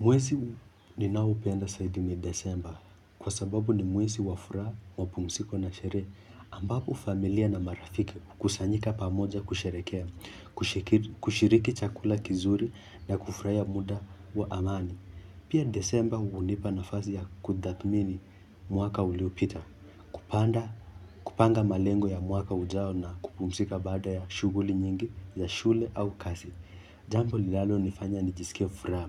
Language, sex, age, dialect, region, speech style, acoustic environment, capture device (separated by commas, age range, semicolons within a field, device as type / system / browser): Swahili, male, 18-24, Kenya - Nairobi Sheng-influenced, Kenya, focused, nature; music; silence, mobile / Linux / Chrome